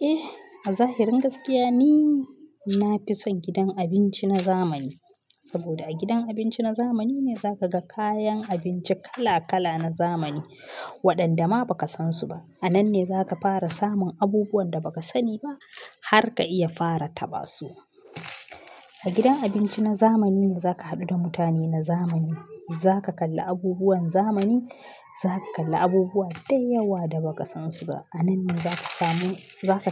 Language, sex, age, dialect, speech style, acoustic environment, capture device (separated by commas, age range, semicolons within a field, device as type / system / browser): Hausa, female, 25-34, Nigeria - Kano, relaxed, nature, mobile / Linux / Chrome